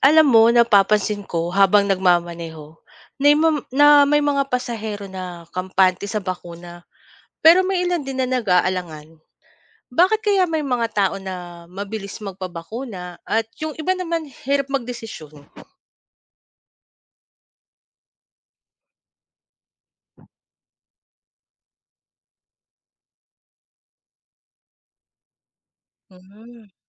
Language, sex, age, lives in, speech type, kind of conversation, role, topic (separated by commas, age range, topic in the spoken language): Filipino, female, 40-44, Philippines, read, expert-assistant, asker, Bakit kaya may mga tao na bukas sa bakuna, habang ang iba ay nagdadalawang-isip pa rin dito?